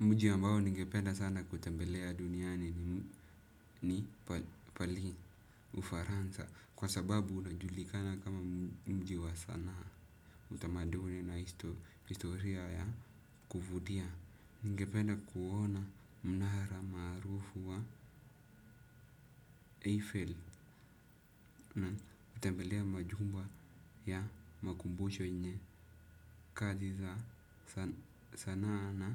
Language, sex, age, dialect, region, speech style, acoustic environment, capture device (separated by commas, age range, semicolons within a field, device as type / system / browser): Swahili, male, 18-24, Kenya - Nairobi Sheng-influenced, Kenya, focused, silence, desktop / Windows / Chrome